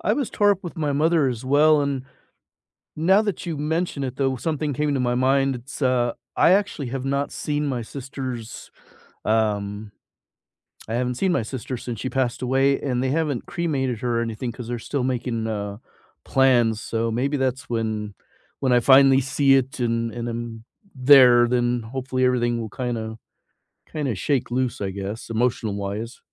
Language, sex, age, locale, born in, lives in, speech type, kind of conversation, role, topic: English, male, 55-59, en-US, United States, United States, conversation, unstructured, none, What’s one thing people say about death that bothers you?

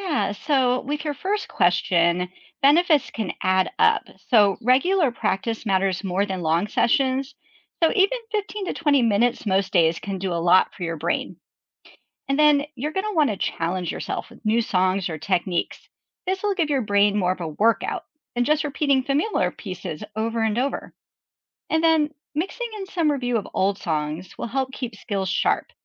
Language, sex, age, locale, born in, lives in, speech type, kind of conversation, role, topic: English, female, 45-49, en-US, United States, United States, read, expert-assistant, expert, What are the benefits of learning to play a musical instrument?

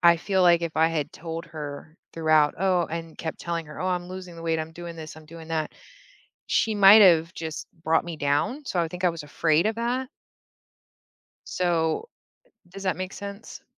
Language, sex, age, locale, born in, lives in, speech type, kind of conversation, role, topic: English, female, 35-39, en-US, Germany, United States, conversation, advice, user, How can I express disagreement without starting an argument?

